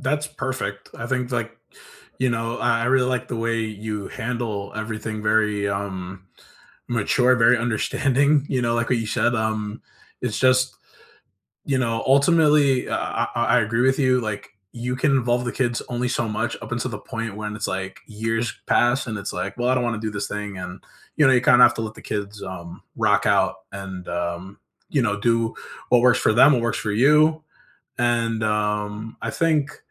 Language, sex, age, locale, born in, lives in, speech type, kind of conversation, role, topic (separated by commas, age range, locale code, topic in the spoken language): English, male, 25-29, en-US, United States, United States, conversation, unstructured, none, What would a richer circle of relationships look like in five years, and what would it give you?